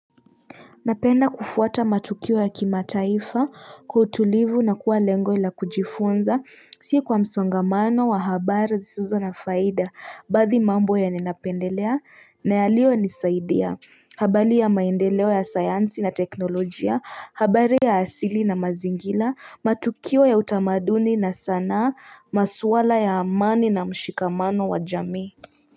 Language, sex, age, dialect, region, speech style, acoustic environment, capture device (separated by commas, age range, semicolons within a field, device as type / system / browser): Swahili, female, 25-34, Kenya - Nairobi Sheng-influenced, Kenya, tired, people talking; appliances, mobile / Linux / Chrome